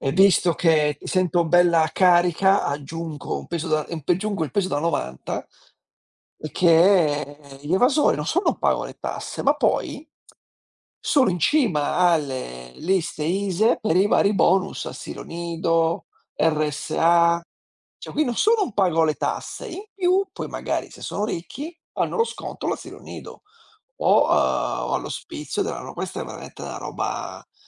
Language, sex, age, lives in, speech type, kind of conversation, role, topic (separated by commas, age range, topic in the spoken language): Italian, male, 45-49, Italy, conversation, unstructured, none, Pensi che sia giusto pagare tasse elevate?